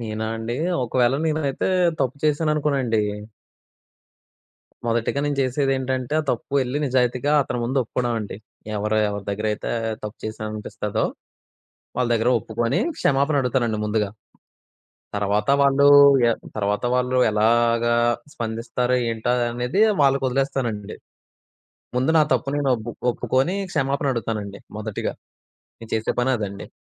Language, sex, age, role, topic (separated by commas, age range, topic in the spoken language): Telugu, male, 20-24, guest, ఒక పొరపాటును సరిదిద్దుకోవడానికి మీరు ముందుగా తీసుకోవాల్సిన మొదటి అడుగు ఏమిటి?